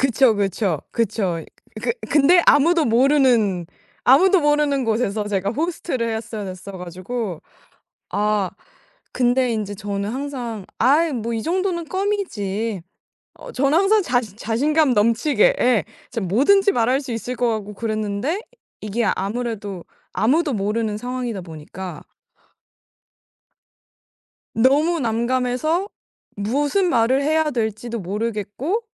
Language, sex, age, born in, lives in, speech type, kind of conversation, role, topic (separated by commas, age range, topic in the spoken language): Korean, female, 18-19, South Korea, United States, conversation, podcast, guest, 스트레스가 쌓일 때 우리 몸은 어떤 신호를 보내나요?